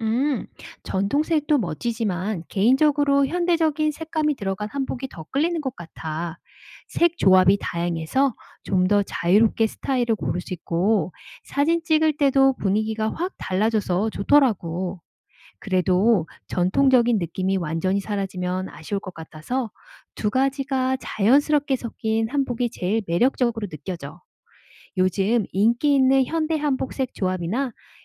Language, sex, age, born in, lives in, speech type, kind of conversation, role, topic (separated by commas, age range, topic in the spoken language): Korean, female, 45-49, South Korea, United States, read, expert-assistant, asker, 한복 색상에는 어떤 의미와 변화가 있나요?